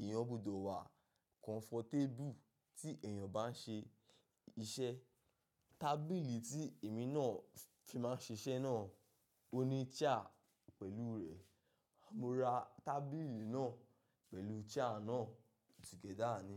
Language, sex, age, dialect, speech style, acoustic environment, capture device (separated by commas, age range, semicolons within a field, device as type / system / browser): Yoruba, male, 25-34, Nigeria - Ibadan, focused, nature, mobile / macOS / Safari